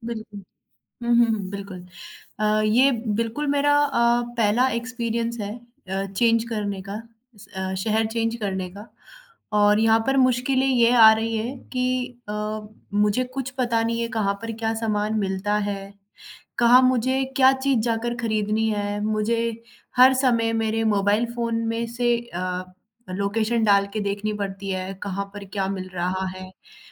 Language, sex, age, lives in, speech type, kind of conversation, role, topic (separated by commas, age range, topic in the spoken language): Hindi, female, 20-24, India, conversation, advice, user, शहर बदलने के बाद आप नई ज़िंदगी में कैसे ढल रहे हैं?